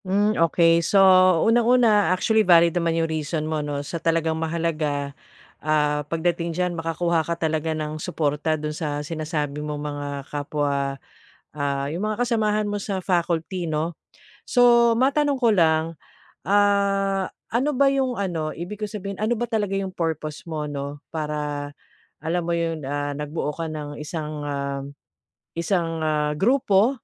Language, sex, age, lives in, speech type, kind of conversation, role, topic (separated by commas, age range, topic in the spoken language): Filipino, female, 45-49, Philippines, conversation, advice, advisor, Paano ako makakabuo ng suporta at alyansa sa trabaho?